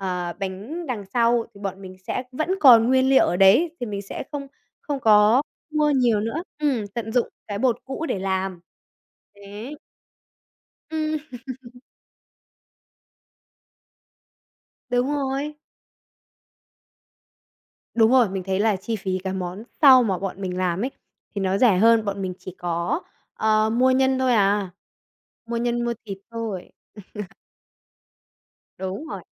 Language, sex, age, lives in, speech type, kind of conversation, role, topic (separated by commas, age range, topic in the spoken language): Vietnamese, male, 20-24, Vietnam, conversation, podcast, guest, Bạn làm sao để lên ý tưởng cho một món ăn mới?